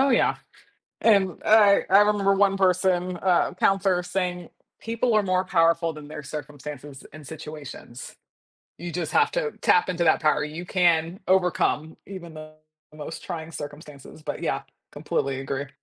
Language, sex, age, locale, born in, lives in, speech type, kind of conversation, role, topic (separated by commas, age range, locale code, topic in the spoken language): English, female, 30-34, en-US, United States, United States, conversation, podcast, host, How do people experience and cope with the wide range of emotions that come with grief?